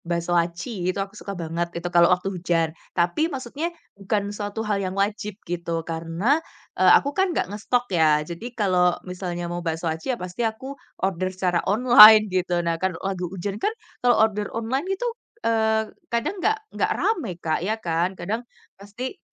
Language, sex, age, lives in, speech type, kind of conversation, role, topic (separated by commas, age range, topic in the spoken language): Indonesian, female, 25-29, Indonesia, conversation, podcast, guest, Saat hujan turun, apa yang biasanya kamu rasakan dan lakukan?